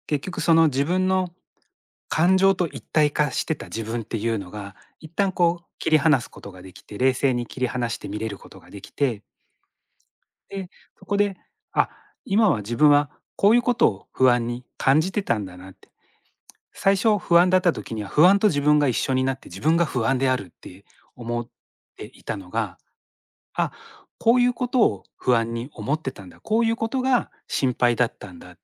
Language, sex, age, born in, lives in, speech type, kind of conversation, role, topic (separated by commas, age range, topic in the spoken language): Japanese, male, 45-49, Japan, Japan, conversation, podcast, guest, 毎日のマインドフルネスの習慣は、どうすれば無理なく身につけられますか？